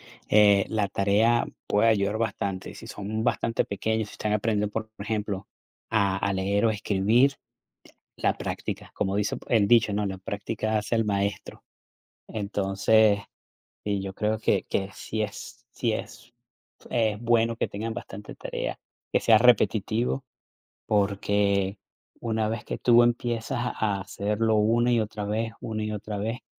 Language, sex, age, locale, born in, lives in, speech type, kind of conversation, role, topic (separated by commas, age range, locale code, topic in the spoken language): Spanish, male, 45-49, es-VE, Venezuela, United States, conversation, unstructured, none, ¿Es justo que los estudiantes tengan tanta tarea todos los días?